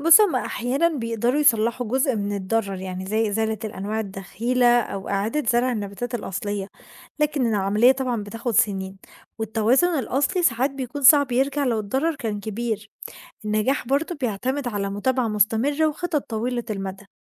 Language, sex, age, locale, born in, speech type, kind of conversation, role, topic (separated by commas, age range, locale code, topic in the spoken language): Arabic, female, 20-24, ar-EG, Egypt, read, expert-assistant, expert, هل تدخل الإنسان في الحفاظ على الحيوانات البرية دايمًا بيكون إيجابي، ولا أحيانًا ممكن يضر أكتر ما ينفع؟